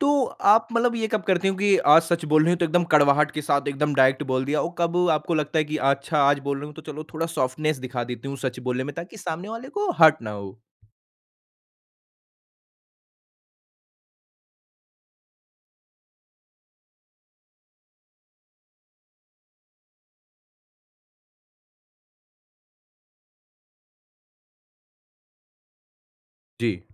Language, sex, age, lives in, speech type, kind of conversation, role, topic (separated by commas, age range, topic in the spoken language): Hindi, male, 40-44, India, conversation, podcast, host, Tum honesty aur kindness ka balance kaise maintain karte ho?